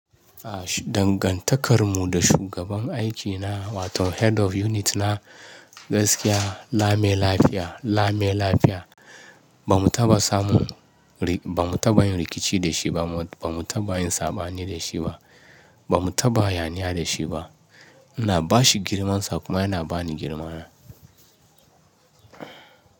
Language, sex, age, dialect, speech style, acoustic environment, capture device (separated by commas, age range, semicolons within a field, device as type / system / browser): Hausa, male, 35-44, Nigeria - Ibadan, relaxed, nature, mobile / Linux / Chrome